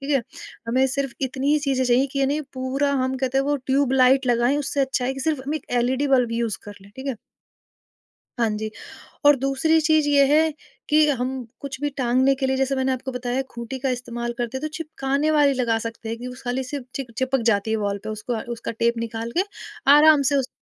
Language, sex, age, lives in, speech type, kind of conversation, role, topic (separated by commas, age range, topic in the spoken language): Hindi, female, 30-34, India, conversation, podcast, guest, Rent pe rehkar apna space personalize kaise kar sakte ho?